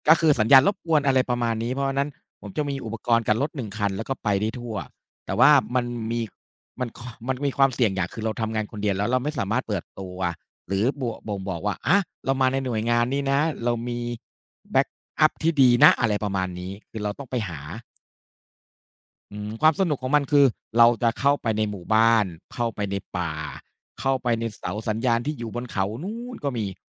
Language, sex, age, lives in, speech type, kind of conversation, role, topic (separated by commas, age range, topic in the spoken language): Thai, male, 40-44, Thailand, conversation, unstructured, none, คุณชอบอะไรมากที่สุดเกี่ยวกับงานที่ทำอยู่ตอนนี้?